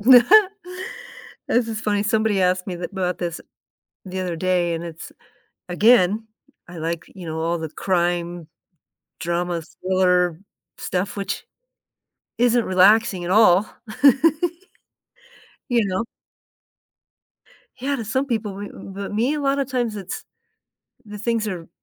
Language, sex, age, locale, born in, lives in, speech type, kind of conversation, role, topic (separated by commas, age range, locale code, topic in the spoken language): English, female, 55-59, en-US, United States, United States, conversation, unstructured, none, How do you find balance and relaxation after a busy day?